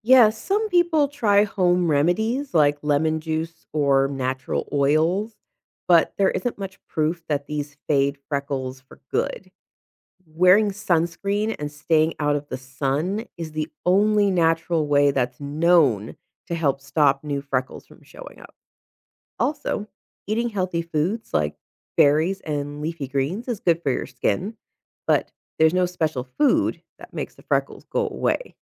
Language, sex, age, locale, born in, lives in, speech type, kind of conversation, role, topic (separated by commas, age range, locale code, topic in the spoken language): English, female, 35-39, en-US, United States, United States, read, expert-assistant, expert, Why do some people have freckles?